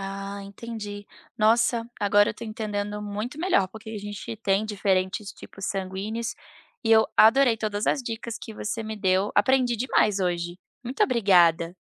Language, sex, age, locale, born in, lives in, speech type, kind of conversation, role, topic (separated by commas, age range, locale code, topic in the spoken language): Portuguese, female, 30-34, pt-BR, Brazil, United States, read, expert-assistant, asker, Por que temos diferentes tipos sanguíneos